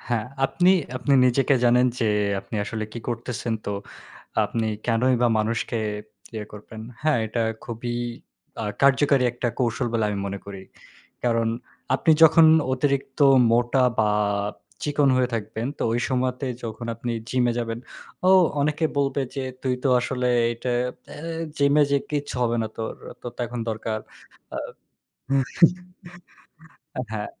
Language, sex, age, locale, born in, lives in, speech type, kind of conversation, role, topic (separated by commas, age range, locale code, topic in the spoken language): Bengali, male, 20-24, bn-BD, Bangladesh, Bangladesh, conversation, unstructured, none, আপনি আপনার আত্মবিশ্বাস বাড়াতে কোন কোন উপায় অনুসরণ করতে চান?